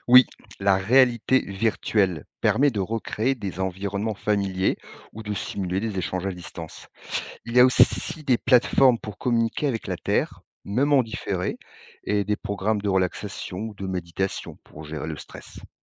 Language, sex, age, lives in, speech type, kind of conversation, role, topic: French, male, 50-54, France, read, expert-assistant, expert, Comment pourrions-nous rendre un long voyage vers Mars plus confortable et sûr pour les astronautes ?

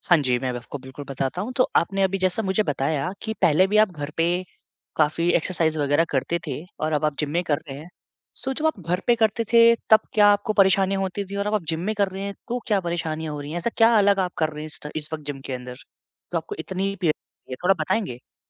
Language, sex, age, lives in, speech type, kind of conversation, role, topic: Hindi, male, 25-29, India, conversation, advice, advisor, चोट के बाद मैं अपनी प्रेरणा कैसे बनाए रख सकता/सकती हूँ?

